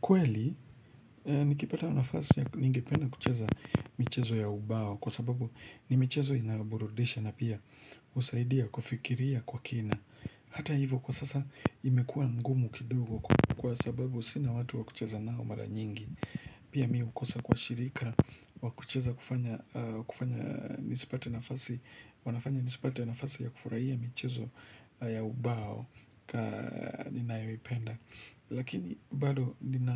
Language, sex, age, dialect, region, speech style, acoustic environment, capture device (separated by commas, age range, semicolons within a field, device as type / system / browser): Swahili, male, 25-34, Kenya - Nairobi Sheng-influenced, Kenya, relaxed, silence, mobile / Linux / Chrome